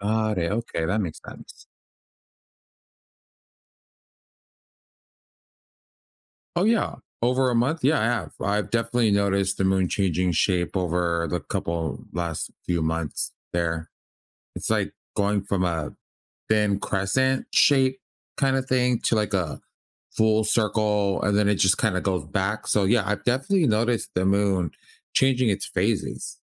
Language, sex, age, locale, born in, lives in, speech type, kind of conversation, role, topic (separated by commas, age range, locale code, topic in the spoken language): English, male, 30-34, en-US, United States, United States, read, expert-assistant, asker, Why do we see different phases of the moon?